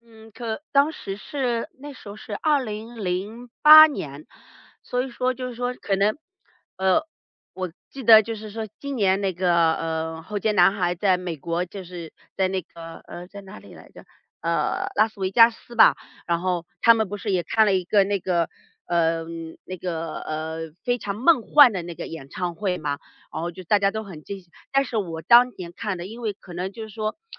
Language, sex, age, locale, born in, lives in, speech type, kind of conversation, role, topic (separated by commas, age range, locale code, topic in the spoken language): Chinese, female, 40-44, zh-CN, China, United States, conversation, podcast, guest, 你第一次去演唱会是什么样的经历？